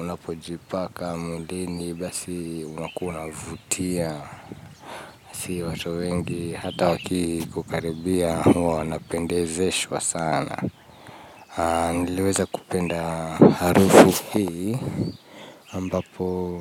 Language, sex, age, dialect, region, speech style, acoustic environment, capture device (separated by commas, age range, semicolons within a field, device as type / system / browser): Swahili, male, 25-34, Kenya - Nairobi Sheng-influenced, Kenya, relaxed, nature, mobile / Linux / Chrome